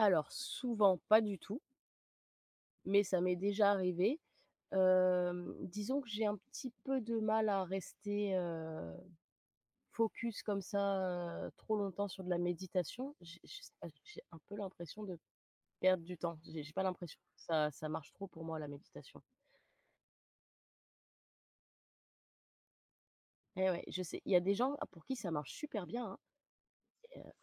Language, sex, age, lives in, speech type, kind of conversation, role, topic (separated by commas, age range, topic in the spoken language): French, female, 30-34, France, conversation, unstructured, none, Comment gères-tu le stress dans ta vie de tous les jours ?